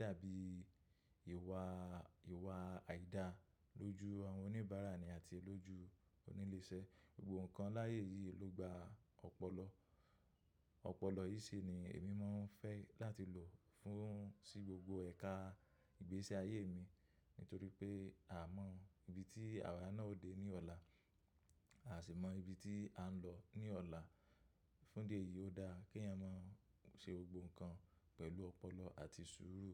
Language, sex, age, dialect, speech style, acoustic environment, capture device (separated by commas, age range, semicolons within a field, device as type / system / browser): Yoruba, male, 25-34, Nigeria - Lagos Yoruba, happy, nature; silence, mobile / macOS / Safari